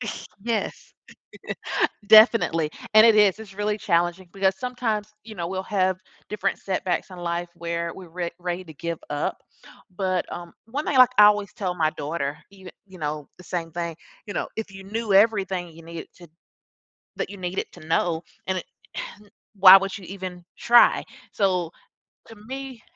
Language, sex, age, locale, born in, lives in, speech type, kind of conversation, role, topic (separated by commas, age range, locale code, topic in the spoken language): English, female, 40-44, en-US, United States, United States, conversation, podcast, guest, What helps you stay motivated and resilient when working toward your goals?